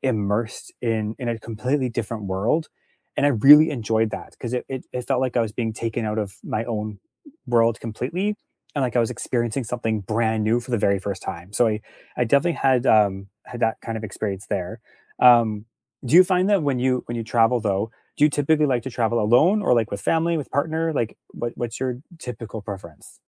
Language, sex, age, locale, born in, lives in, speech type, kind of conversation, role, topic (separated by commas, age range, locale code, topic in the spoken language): English, male, 35-39, en-CA, Canada, Canada, conversation, unstructured, none, What do you enjoy most about traveling to new places?